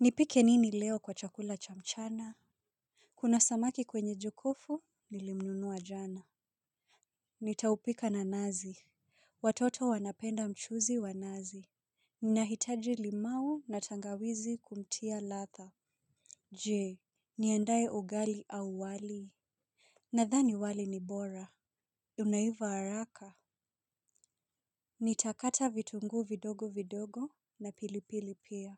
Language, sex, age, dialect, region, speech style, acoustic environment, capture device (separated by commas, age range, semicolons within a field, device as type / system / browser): Swahili, female, 25-34, Kenya - Nairobi Sheng-influenced, Kenya, relaxed; focused, silence; people talking; nature; appliances, mobile / Linux / Chrome